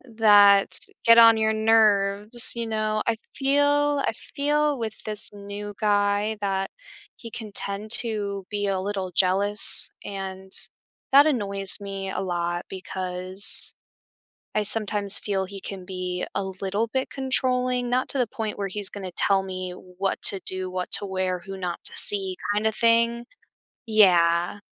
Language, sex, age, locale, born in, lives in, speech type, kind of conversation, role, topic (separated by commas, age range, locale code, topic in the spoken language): English, female, 25-29, en-US, United States, United States, conversation, advice, user, How can I balance excitement and realistic expectations in a new relationship?